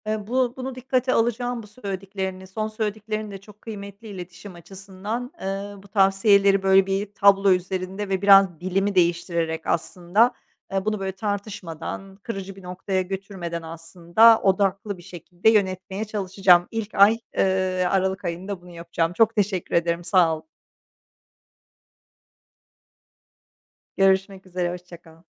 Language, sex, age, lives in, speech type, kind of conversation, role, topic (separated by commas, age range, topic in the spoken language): Turkish, female, 45-49, Netherlands, conversation, advice, user, Eşinizle para harcama ve bütçe konusunda sürekli kavga ediyorsanız durumu nasıl yönetebilirsiniz?